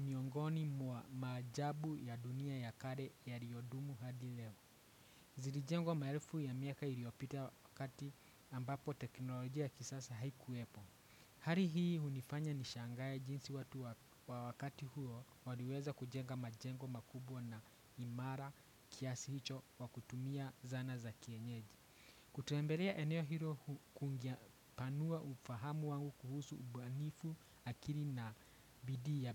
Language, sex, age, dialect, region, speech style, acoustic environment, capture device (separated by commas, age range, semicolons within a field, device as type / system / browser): Swahili, male, 25-34, Kenya - Nairobi Sheng-influenced, Kenya, anxious, people talking; appliances, desktop / Windows / Chrome